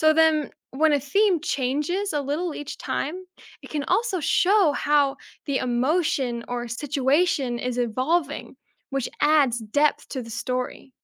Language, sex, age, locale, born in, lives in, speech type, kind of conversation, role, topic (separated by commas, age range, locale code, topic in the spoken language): English, female, 20-24, en-US, United States, United States, read, expert-assistant, expert, What makes music such a powerful way to express emotions?